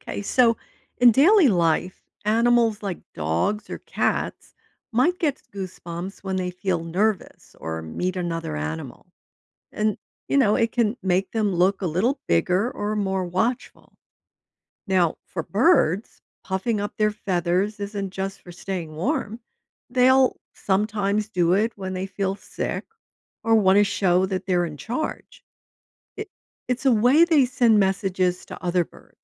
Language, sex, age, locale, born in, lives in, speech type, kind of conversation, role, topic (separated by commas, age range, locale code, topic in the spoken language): English, female, 70-74, en-US, United States, United States, read, expert-assistant, expert, Why do people get goosebumps when cold or scared?